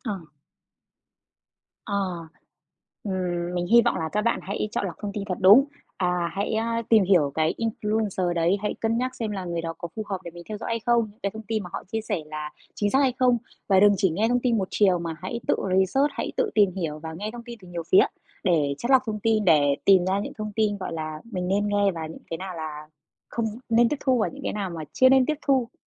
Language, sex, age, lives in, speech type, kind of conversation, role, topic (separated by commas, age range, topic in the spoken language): Vietnamese, female, 30-34, Vietnam, conversation, podcast, guest, Người có ảnh hưởng có phải chịu trách nhiệm về những thông tin họ chia sẻ không?